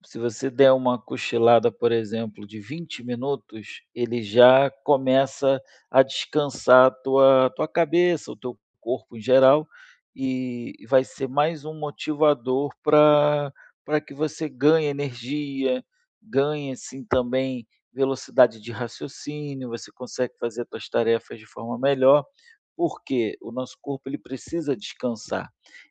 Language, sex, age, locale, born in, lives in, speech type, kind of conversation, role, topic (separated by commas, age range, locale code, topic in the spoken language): Portuguese, male, 35-39, pt-BR, Brazil, Spain, conversation, advice, advisor, Como posso acordar com mais energia todos os dias?